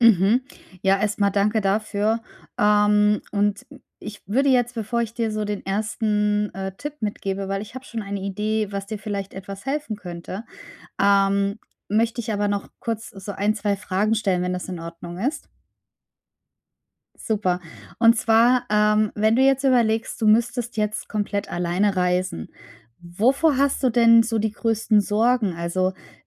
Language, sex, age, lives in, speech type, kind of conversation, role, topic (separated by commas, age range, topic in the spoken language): German, female, 35-39, Germany, conversation, advice, advisor, Wie kann ich meine Reiseangst und Unsicherheit überwinden?